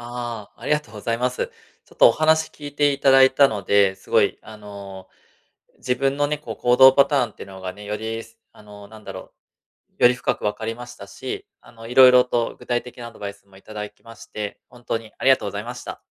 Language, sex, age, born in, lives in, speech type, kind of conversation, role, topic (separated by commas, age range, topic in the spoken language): Japanese, male, 35-39, Japan, Japan, conversation, advice, user, 行動パターンを把握して改善する